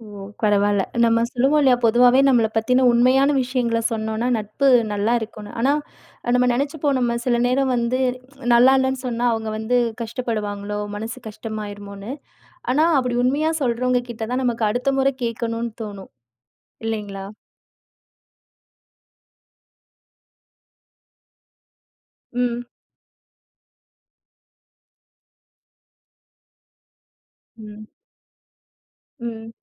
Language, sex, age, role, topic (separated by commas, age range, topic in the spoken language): Tamil, female, 30-34, host, புதிய நண்பர்களை நீங்கள் எப்படி சேர்த்துக்கொள்வீர்கள்?